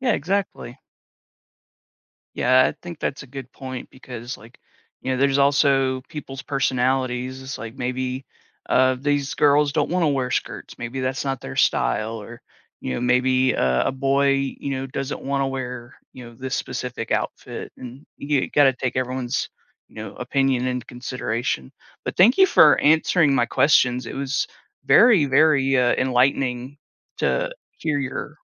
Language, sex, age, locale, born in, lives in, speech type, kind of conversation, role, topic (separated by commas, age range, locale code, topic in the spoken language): English, male, 30-34, en-US, United States, United States, conversation, podcast, host, In what ways do your clothing choices reflect your personality and values?